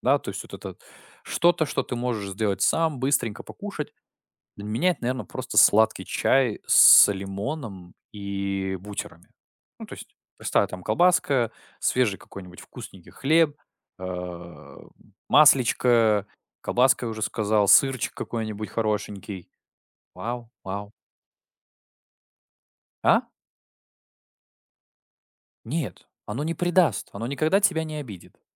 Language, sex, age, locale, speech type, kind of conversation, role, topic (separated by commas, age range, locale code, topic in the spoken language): Russian, male, 20-24, ru-RU, conversation, podcast, guest, Что для вас значит «комфортная еда»?